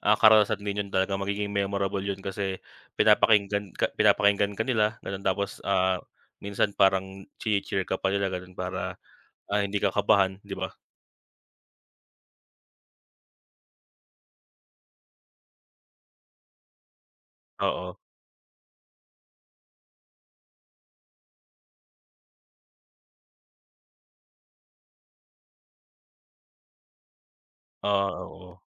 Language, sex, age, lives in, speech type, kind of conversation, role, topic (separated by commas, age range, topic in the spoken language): Filipino, male, 25-29, Philippines, conversation, unstructured, none, Ano ang pinakatumatak na pangyayari sa iyong trabaho?